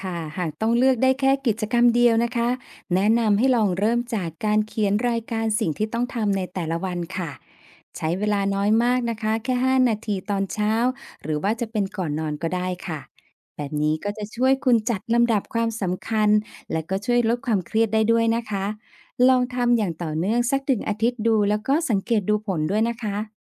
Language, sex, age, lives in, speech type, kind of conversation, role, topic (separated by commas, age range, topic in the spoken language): Thai, female, 50-54, Thailand, read, expert-assistant, expert, มีเพจหรือช่องทางออนไลน์ไหนบ้างที่ช่วยให้พัฒนาตัวเองได้จริงในชีวิตประจำวัน?